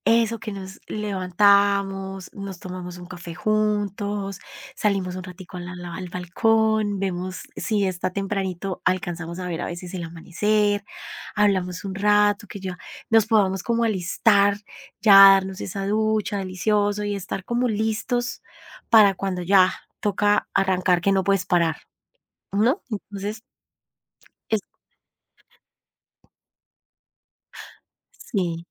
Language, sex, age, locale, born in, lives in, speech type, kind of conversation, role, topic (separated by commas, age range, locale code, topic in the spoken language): Spanish, female, 45-49, es-CO, Colombia, Italy, conversation, podcast, guest, ¿Cómo priorizas entre la familia, el trabajo y tu tiempo personal?